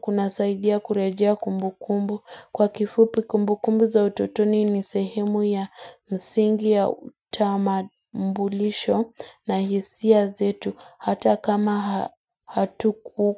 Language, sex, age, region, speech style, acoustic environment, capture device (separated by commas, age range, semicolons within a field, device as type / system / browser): Swahili, female, 18-24, Kenya, excited; focused, nature, mobile / Linux / Chrome